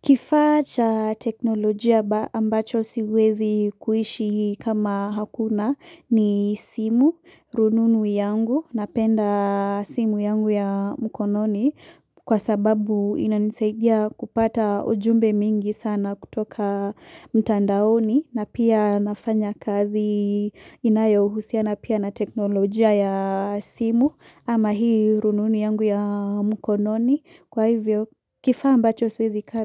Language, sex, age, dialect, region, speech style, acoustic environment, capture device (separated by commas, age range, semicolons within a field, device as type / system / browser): Swahili, female, 18-24, Kenya - Nairobi Sheng-influenced, Kenya, relaxed, silence, mobile / Linux / Chrome